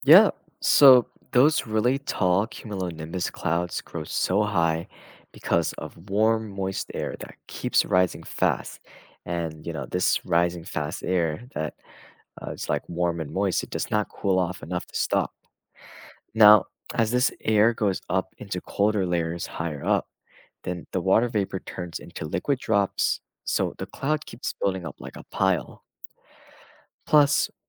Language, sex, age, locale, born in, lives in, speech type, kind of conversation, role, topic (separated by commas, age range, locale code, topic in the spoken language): English, male, 20-24, en-US, United States, United States, read, expert-assistant, expert, How do different types of clouds and storms form in the sky?